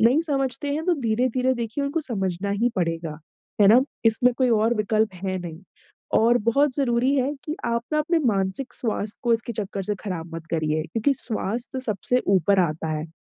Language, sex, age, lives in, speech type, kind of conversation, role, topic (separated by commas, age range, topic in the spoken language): Hindi, female, 25-29, India, conversation, advice, advisor, मेरे परिवार की अपेक्षाएँ मुझ पर इतना दबाव क्यों डालती हैं?